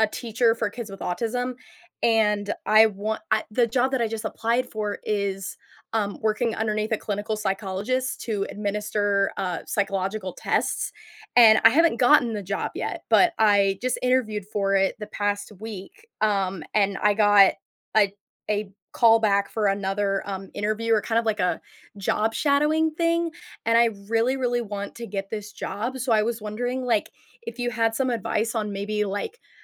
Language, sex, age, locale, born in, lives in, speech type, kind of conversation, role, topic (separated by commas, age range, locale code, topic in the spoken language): English, female, 20-24, en-US, United States, United States, conversation, advice, user, How can I set clearer boundaries so work doesn't take over my personal time?